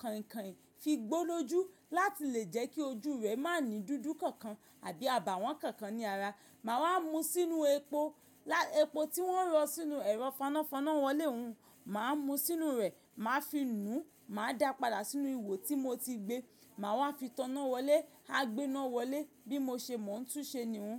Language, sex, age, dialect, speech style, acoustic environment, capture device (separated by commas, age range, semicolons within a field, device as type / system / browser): Yoruba, female, 25-34, Nigeria - Ibadan, focused; relaxed, nature; silence, mobile / macOS / Safari